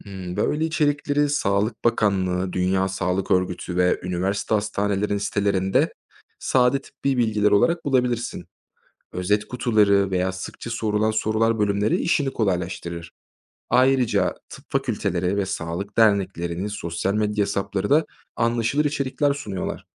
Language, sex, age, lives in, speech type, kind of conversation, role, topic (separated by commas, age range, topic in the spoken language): Turkish, male, 25-29, Germany, read, expert-assistant, expert, Aşı olma kararı kişisel bir hak mı, yoksa toplumun sağlığı için bir sorumluluk mu olmalı?